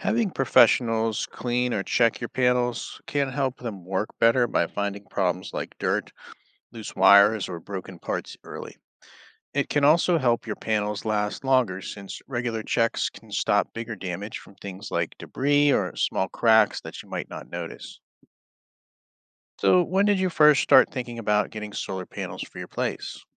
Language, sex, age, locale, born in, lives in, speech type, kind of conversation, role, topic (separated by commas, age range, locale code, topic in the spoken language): English, male, 35-39, en-US, United States, United States, read, expert-assistant, expert, How does the amount of sunlight and seasonal changes affect solar panel electricity production?